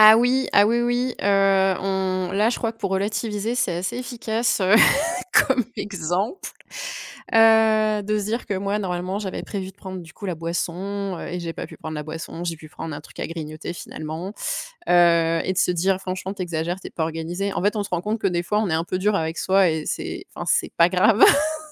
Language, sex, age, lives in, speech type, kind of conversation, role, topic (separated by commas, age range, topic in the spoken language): French, female, 30-34, France, conversation, podcast, guest, Peux-tu raconter un retard qui, finalement, t’a évité un problème ?